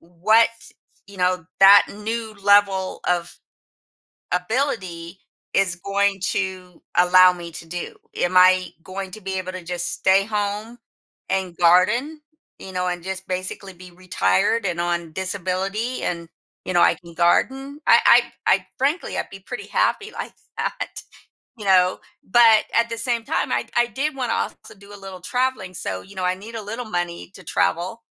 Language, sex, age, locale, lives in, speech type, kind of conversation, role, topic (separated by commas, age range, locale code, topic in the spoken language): English, female, 55-59, en-US, United States, conversation, advice, user, How can I cope with uncertainty about the future?